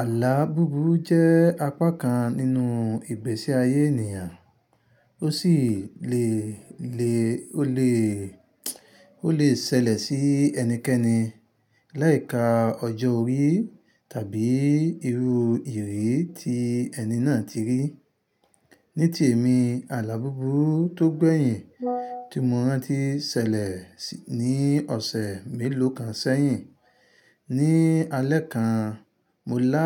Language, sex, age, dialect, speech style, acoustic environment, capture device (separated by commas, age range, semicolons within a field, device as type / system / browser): Yoruba, male, 25-34, Nigeria - Ibadan, happy, nature; silence, mobile / Linux / Chrome